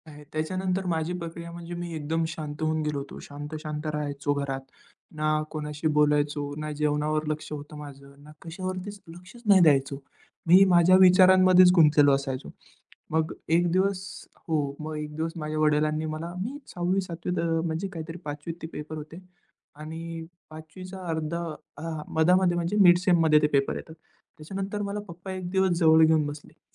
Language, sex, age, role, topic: Marathi, male, 20-24, guest, फेल झाल्यावर पुन्हा कशी सुरुवात करायची?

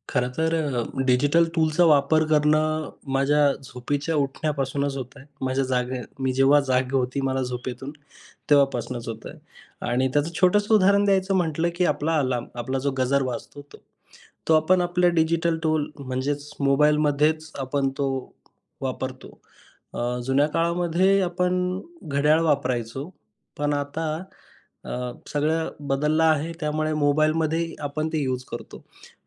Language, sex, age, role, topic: Marathi, male, 25-29, guest, दैनिक कामांचे नियोजन तुम्ही डिजिटल साधनांच्या मदतीने कसे करता?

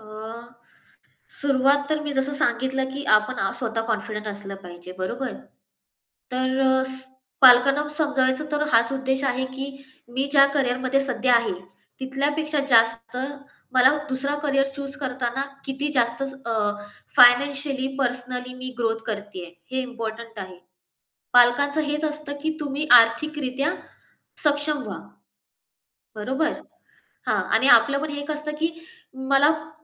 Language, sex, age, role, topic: Marathi, female, 25-29, guest, करिअर बदलण्याचा निर्णय घेतल्यावर पालकांना ते कसे समजावून सांगाल?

